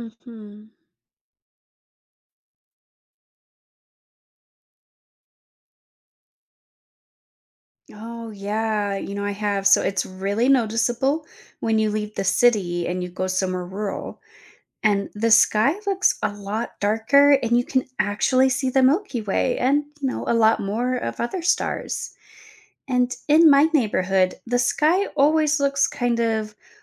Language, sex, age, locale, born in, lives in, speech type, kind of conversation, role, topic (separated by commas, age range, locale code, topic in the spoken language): English, female, 30-34, en-US, United States, United States, read, expert-assistant, asker, How does light pollution affect stargazing and wildlife?